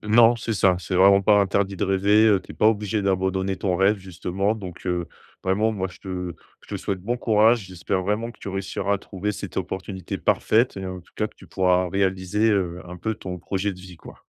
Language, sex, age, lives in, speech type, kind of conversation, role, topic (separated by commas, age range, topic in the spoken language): French, male, 30-34, France, conversation, advice, advisor, Comment annuler un projet de vie sans regret ?